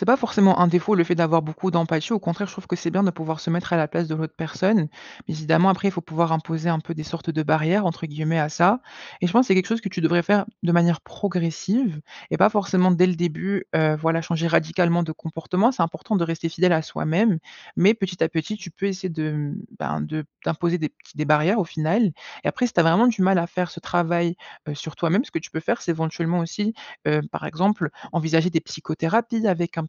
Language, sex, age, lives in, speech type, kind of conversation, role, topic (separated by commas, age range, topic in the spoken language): French, male, 20-24, France, conversation, advice, advisor, Comment décririez-vous vos envies intenses de malbouffe en période de stress ?